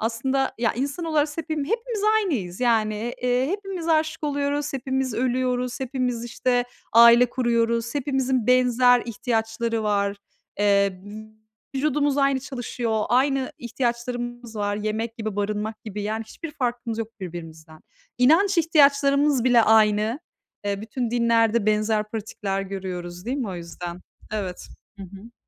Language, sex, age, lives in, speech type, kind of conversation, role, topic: Turkish, female, 40-44, Netherlands, conversation, unstructured, none, Kültürel çeşitlilik toplumları nasıl zenginleştirir?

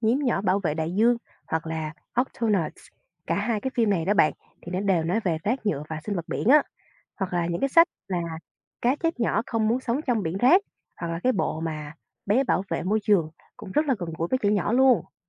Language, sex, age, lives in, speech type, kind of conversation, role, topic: Vietnamese, female, 25-29, Vietnam, read, expert-assistant, expert, Làm thế nào để giảm thiểu tác động của rác thải nhựa đối với sinh vật biển một cách thực tiễn nhất?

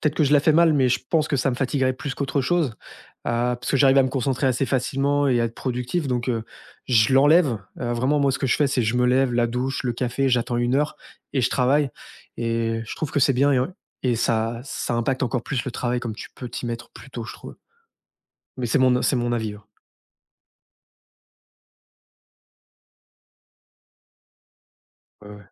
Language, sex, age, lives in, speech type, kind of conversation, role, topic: French, male, 30-34, France, conversation, podcast, guest, Qu’est-ce qui te motive à te lever le matin pour aller travailler ?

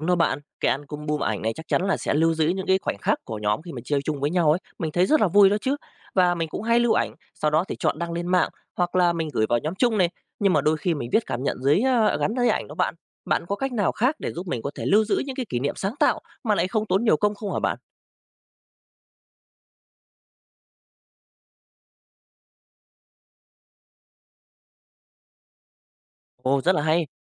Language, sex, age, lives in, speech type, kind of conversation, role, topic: Vietnamese, male, 35-39, Vietnam, read, expert-assistant, asker, Khi lên lịch trình du lịch, bạn ưu tiên yếu tố nào và làm thế nào để cân bằng giữa tự do và kế hoạch sẵn có?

